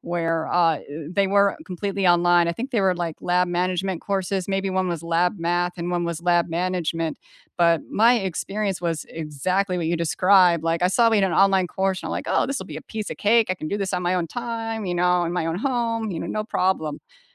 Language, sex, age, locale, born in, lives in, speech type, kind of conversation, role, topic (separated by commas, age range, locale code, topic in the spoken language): English, female, 40-44, en-US, United States, United States, conversation, unstructured, none, Is homework likely to remain a useful learning tool in the future, or will it become an unnecessary source of stress?